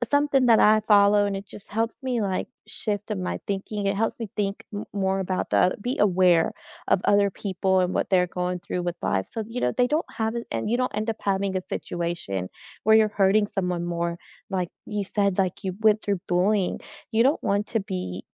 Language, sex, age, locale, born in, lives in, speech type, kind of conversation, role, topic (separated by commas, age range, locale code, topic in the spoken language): English, female, 35-39, en-US, United States, United States, conversation, unstructured, none, What personal rule guides your everyday choices, and where did it come from?